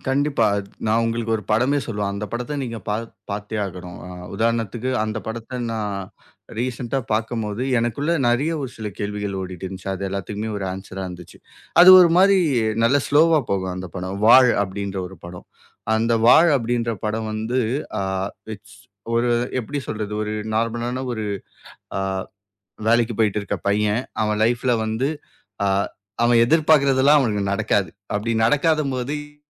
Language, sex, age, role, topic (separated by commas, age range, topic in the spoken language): Tamil, male, 25-29, guest, உங்களுக்கு பிடித்த திரைப்பட அனுபவம் எது?